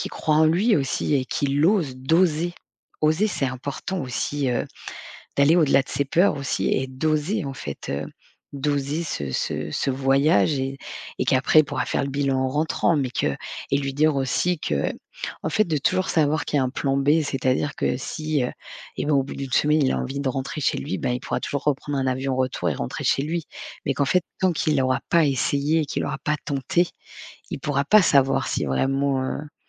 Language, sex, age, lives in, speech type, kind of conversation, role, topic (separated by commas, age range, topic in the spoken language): French, female, 40-44, France, conversation, podcast, guest, Quel petit pas conseillerais-tu pour trouver un but ?